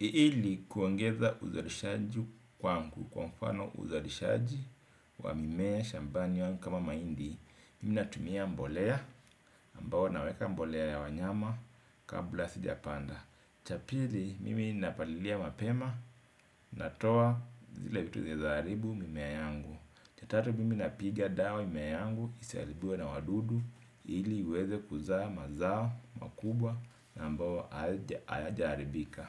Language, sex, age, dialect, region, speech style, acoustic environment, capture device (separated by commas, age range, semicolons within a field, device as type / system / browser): Swahili, male, 35-44, Kenya - Mombasa, Kenya, happy, people talking, desktop / Windows / Edge